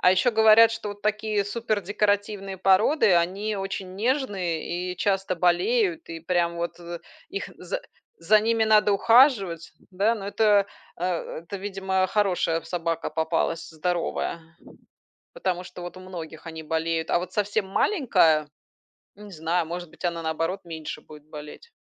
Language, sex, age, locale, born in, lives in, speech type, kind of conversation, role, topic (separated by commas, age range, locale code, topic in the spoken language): Russian, female, 40-44, ru-RU, Belarus, Spain, conversation, unstructured, none, Какой образ жизни лучше подходит активным собакам: в городе или за городом?